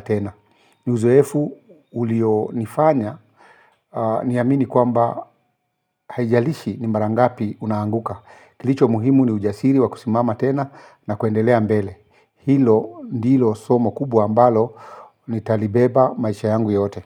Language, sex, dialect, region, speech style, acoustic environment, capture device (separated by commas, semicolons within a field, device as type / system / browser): Swahili, male, Kenya - Nairobi Sheng-influenced, Kenya, excited; focused; relaxed, silence, mobile / Linux / Chrome